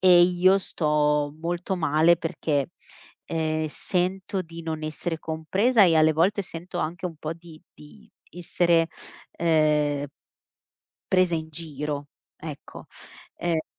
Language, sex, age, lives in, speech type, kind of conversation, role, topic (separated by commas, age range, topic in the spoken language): Italian, female, 35-39, Italy, conversation, advice, user, Come posso gestire un conflitto continuo con il mio cofondatore?